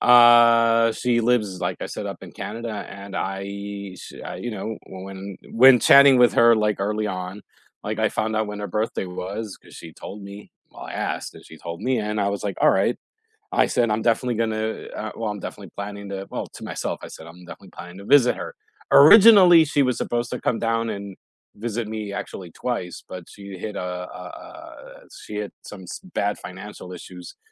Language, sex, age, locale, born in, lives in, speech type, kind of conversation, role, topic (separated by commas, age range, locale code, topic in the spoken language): English, male, 45-49, en-US, United States, United States, conversation, unstructured, none, Which places do you hope to visit within the next year?